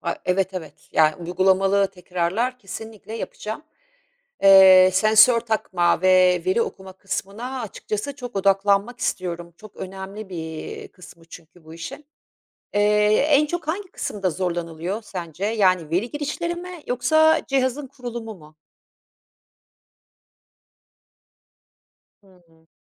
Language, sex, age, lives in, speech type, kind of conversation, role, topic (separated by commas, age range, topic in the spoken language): Turkish, female, 50-54, Italy, read, expert-assistant, asker, Hayvanlarımı daha sağlıklı ve verimli yetiştirmek için hangi yeni yöntemleri öğrenebilirim?